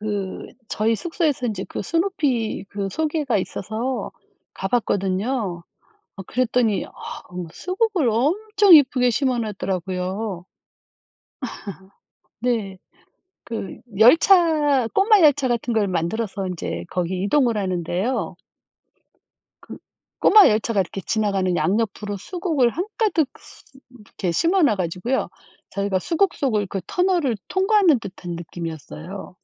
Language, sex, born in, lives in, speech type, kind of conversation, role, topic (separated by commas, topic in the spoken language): Korean, female, South Korea, South Korea, conversation, unstructured, none, 우리 동네에서 가장 기억에 남는 축제는 무엇인가요?